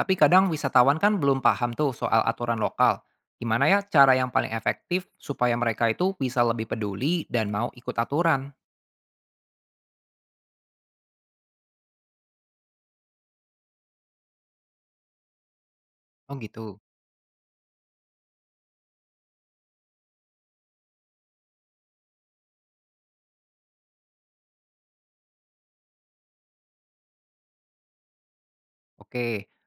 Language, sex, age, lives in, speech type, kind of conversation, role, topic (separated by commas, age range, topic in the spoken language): Indonesian, male, 25-29, Indonesia, read, expert-assistant, asker, Apa saja pro dan kontra memilih destinasi wisata populer dibandingkan destinasi tersembunyi yang jarang dikunjungi?